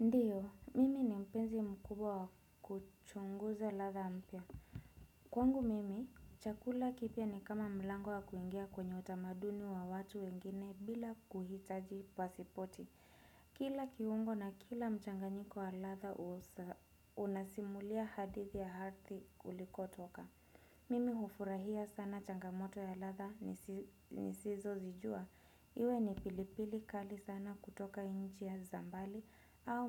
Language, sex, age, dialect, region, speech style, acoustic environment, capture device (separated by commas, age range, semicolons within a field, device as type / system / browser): Swahili, female, 25-34, Kenya - Nairobi Sheng-influenced, Kenya, relaxed, nature; people talking; appliances, desktop / Windows / Chrome